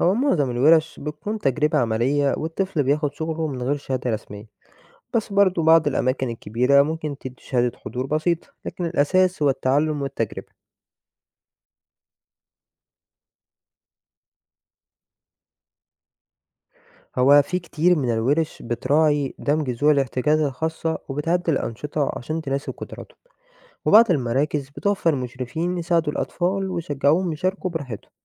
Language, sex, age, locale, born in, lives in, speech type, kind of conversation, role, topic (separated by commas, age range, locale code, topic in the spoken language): Arabic, male, 20-24, ar-EG, Egypt, Egypt, read, expert-assistant, expert, فين ممكن ألاقي أماكن أو ورش في مصر بتعلم الحرف أو الفنون الشعبية القديمة زي الخيامية أو صناعة السجاد اليدوي؟